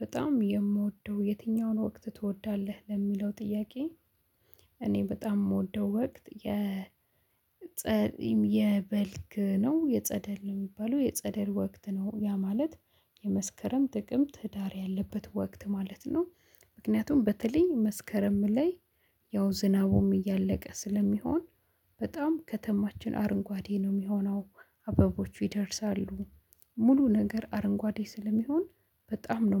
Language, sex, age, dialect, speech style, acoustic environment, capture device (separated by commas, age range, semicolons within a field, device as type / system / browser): Amharic, female, 25-34, Ethiopia - Addis Ababa, excited, silence, mobile / Linux / Chrome